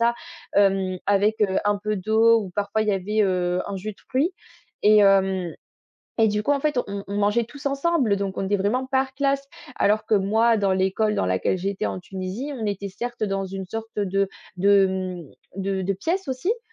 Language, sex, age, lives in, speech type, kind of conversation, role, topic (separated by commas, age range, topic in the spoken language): French, female, 20-24, France, conversation, podcast, guest, Peux-tu me parler d’un moment où tu as dû t’adapter à une nouvelle culture ?